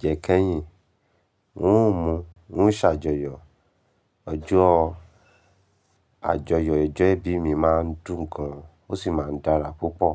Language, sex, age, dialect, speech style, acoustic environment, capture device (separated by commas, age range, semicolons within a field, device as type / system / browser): Yoruba, male, 25-34, Nigeria - Ibadan, excited, silence, mobile / Linux / Chrome